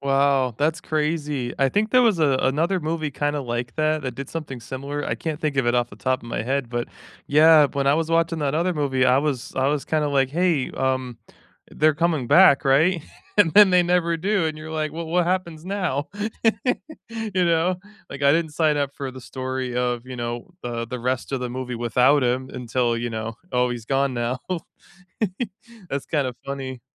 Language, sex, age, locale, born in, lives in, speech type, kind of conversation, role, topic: English, male, 30-34, en-US, United States, United States, conversation, unstructured, none, Which movie, TV, or game trailer misled you—pleasantly or painfully—and how did you feel?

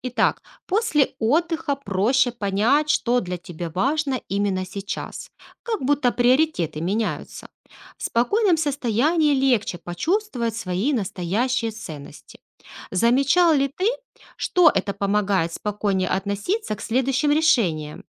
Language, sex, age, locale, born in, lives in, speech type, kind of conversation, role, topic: Russian, female, 35-39, ru-RU, Ukraine, Spain, read, expert-assistant, expert, Как понять, действительно ли вера помогает принимать важные решения в жизни?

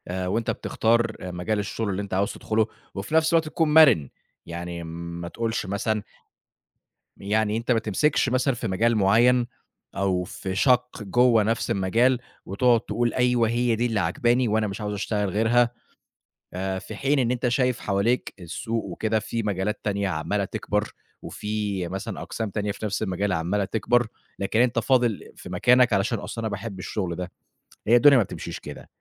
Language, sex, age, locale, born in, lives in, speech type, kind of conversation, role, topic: Arabic, male, 25-29, ar-EG, Egypt, Egypt, conversation, podcast, guest, إزاي تختار بين شغلانة ثابتة وشغف بيدّيك معنى؟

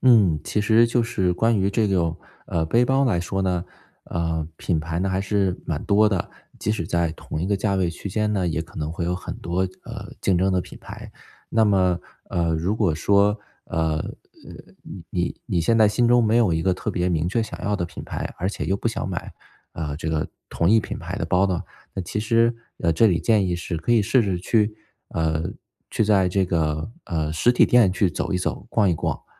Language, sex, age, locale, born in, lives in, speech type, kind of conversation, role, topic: Chinese, male, 35-39, zh-CN, China, United States, conversation, advice, advisor, 我该如何挑选适合别人的礼物？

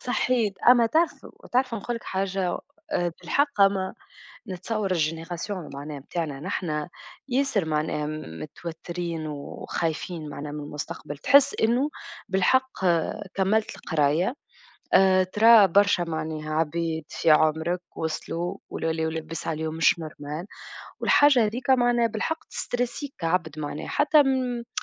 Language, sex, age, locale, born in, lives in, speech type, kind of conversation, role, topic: Arabic, female, 25-29, ar-TN, Tunisia, Germany, conversation, unstructured, none, إزاي بتتعامل مع ضغوطاتك اليومية بشكل عام؟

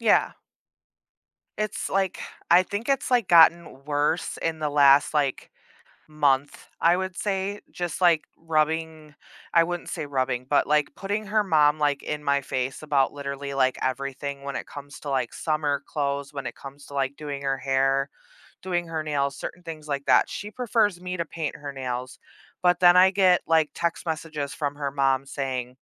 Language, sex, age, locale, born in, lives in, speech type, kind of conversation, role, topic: English, female, 30-34, en-US, United States, United States, conversation, advice, user, How can I build confidence when I constantly feel like I'm not good enough?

